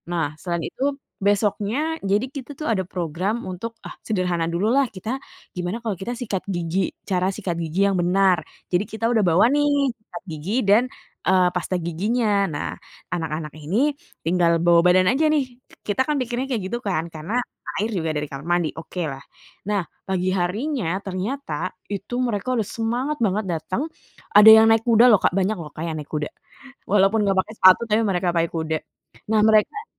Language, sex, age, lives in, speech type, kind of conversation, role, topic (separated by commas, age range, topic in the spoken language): Indonesian, female, 30-34, Indonesia, conversation, podcast, guest, Apa pengalaman paling menyentuh yang pernah kamu alami saat bertemu orang baru ketika sedang bepergian?